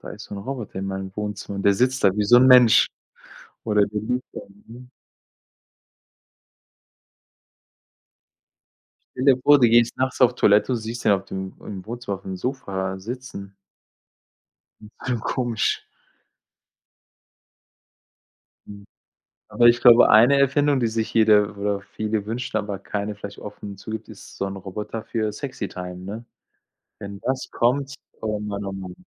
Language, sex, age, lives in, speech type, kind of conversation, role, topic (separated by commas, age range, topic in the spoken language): German, male, 45-49, Germany, conversation, unstructured, none, Welche Erfindung findest du in letzter Zeit am spannendsten?